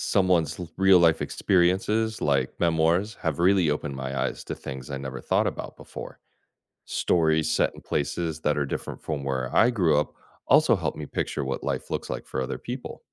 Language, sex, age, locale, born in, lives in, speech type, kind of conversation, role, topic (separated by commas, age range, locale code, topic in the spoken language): English, male, 40-44, en-US, United States, United States, read, expert-assistant, asker, How do books help us understand other people’s lives?